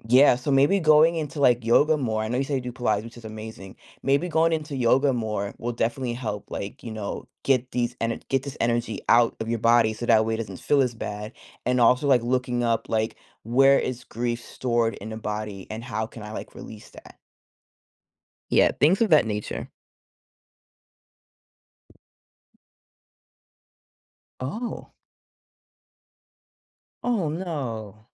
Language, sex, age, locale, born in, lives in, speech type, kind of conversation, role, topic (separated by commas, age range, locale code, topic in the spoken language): English, female, 30-34, en-US, United States, United States, conversation, advice, advisor, How do I cope with and process grief after a miscarriage?